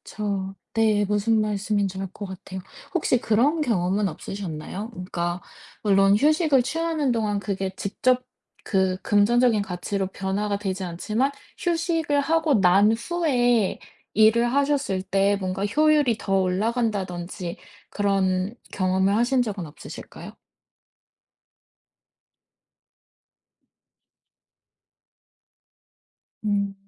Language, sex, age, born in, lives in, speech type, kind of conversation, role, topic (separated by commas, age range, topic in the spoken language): Korean, female, 30-34, South Korea, United States, conversation, advice, advisor, 여가 시간에 죄책감과 산만함 없이 편하게 쉬려면 어떻게 해야 하나요?